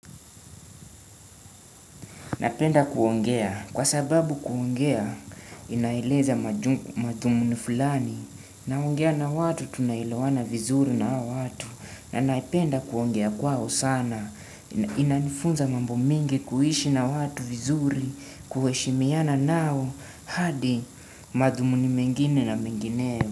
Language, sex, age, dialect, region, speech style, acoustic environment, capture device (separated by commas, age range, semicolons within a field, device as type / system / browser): Swahili, male, 18-24, Kenya - Nairobi Sheng-influenced, Kenya, relaxed, nature, desktop / Windows / Chrome